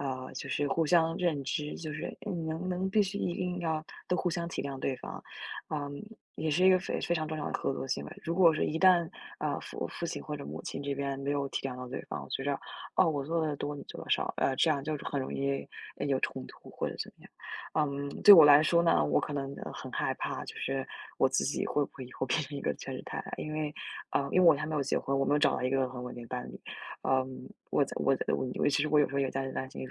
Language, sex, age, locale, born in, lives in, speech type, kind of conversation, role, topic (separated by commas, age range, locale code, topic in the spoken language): Chinese, female, 20-24, zh-CN, China, United States, conversation, unstructured, none, 你会为了理想而放弃稳定的生活吗？